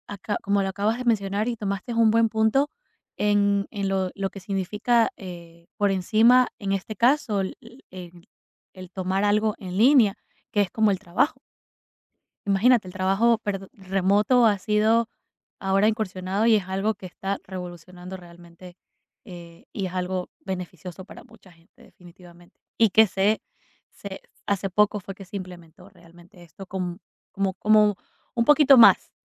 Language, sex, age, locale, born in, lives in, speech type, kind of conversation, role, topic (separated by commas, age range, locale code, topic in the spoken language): Spanish, female, 25-29, es-EC, Ecuador, United States, conversation, podcast, host, ¿Qué opinas sobre el aprendizaje en línea en comparación con las clases presenciales?